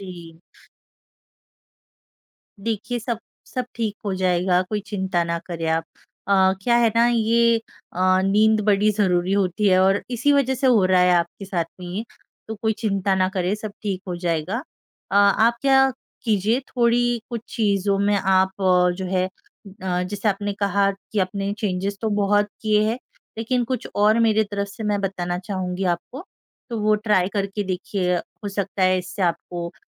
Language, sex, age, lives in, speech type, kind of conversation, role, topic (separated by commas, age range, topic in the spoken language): Hindi, female, 25-29, India, conversation, advice, advisor, शोर, रोशनी या साथी की हरकतें आपकी नींद कैसे खराब कर रही हैं?